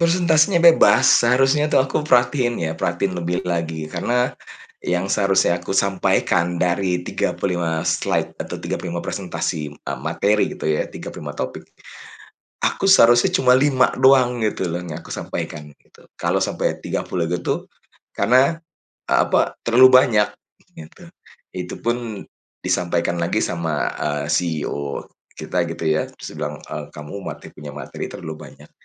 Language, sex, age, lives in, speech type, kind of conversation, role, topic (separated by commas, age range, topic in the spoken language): Indonesian, male, 45-49, Indonesia, conversation, advice, user, Bagaimana cara mengatasi kecemasan sebelum presentasi penting di depan banyak orang?